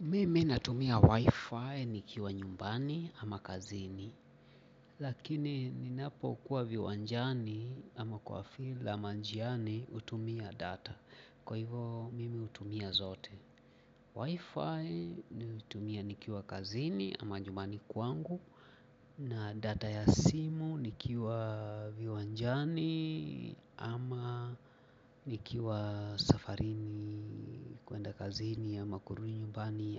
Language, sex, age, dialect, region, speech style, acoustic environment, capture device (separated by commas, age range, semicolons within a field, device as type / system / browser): Swahili, male, 45-54, Kenya - Mombasa, Kenya, relaxed, people talking; appliances, mobile / Linux / Chrome